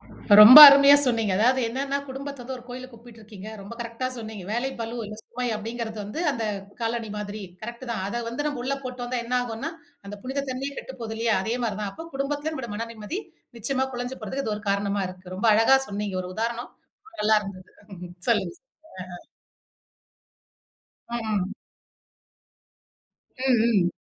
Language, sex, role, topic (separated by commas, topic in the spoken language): Tamil, female, host, வேலை-வாழ்க்கை சமநிலையை நீங்கள் எப்படிக் காக்கிறீர்கள்?